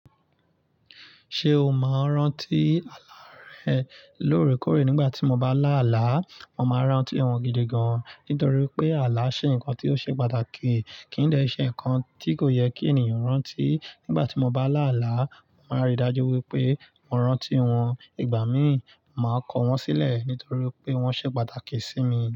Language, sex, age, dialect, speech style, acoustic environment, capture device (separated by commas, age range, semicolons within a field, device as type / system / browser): Yoruba, male, 25-34, Nigeria - Nigerian Standard, excited, nature, mobile / Linux / Chrome